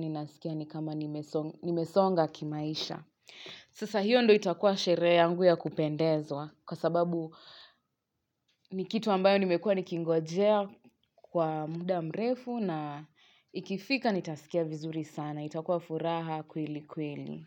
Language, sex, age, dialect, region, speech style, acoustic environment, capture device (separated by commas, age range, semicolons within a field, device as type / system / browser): Swahili, female, 25-34, Kenya - Nairobi Sheng-influenced, Kenya, relaxed, silence; nature, mobile / Linux / Chrome